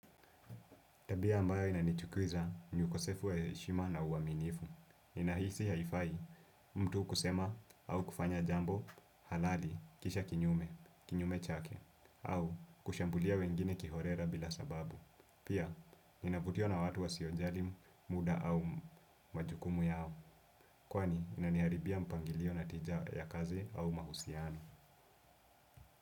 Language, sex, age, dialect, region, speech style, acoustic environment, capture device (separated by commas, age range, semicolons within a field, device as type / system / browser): Swahili, male, 18-24, Kenya - Nairobi Sheng-influenced, Kenya, relaxed, silence, desktop / Windows / Chrome